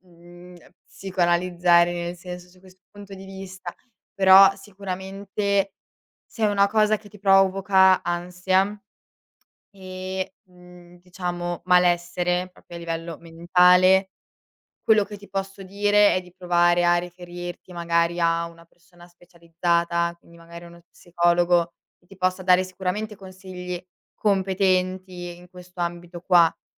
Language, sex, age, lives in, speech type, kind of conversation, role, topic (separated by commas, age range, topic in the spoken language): Italian, female, 18-19, Italy, conversation, advice, advisor, In che modo il perfezionismo ti impedisce di iniziare o di portare a termine un lavoro creativo?